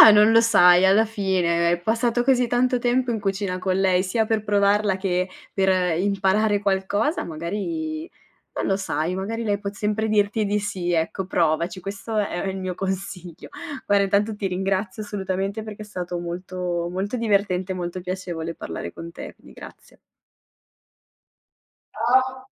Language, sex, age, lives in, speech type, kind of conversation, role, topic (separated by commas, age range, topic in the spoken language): Italian, female, 25-29, Italy, conversation, podcast, host, Qual è una tradizione culinaria della tua famiglia e che storia c’è dietro?